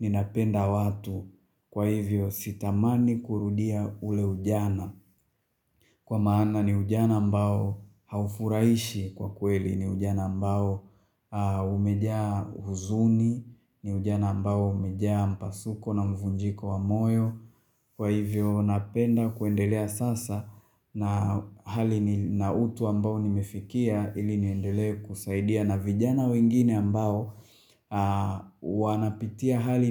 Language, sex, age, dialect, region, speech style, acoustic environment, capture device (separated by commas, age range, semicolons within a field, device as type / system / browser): Swahili, male, 25-34, Tanzania - Dar es Salaam, Tanzania, happy; focused, silence, mobile / Linux / Chrome